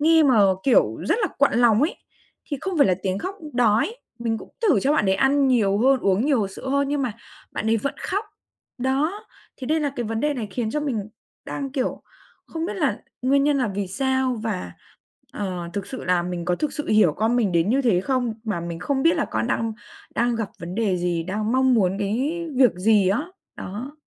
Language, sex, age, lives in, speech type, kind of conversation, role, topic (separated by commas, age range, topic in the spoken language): Vietnamese, female, 20-24, Vietnam, conversation, advice, user, Làm sao để bớt lo lắng mình không đủ tốt khi làm cha mẹ vì sợ mắc sai lầm?